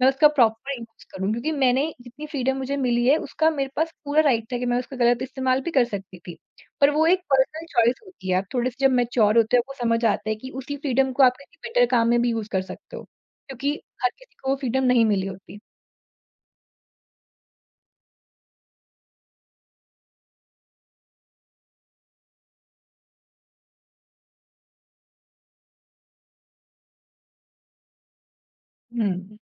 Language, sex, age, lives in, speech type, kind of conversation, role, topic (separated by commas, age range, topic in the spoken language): Hindi, female, 40-44, India, conversation, podcast, guest, Tum parents ki expectations aur apni khushi ke beech balance kaise banate ho?